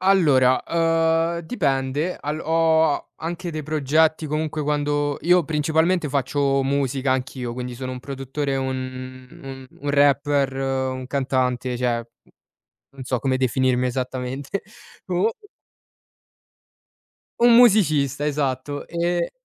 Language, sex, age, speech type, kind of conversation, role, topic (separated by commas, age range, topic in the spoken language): Italian, male, 20-24, conversation, podcast, guest, Che musica o quali suoni ti fanno entrare subito in uno stato di flusso?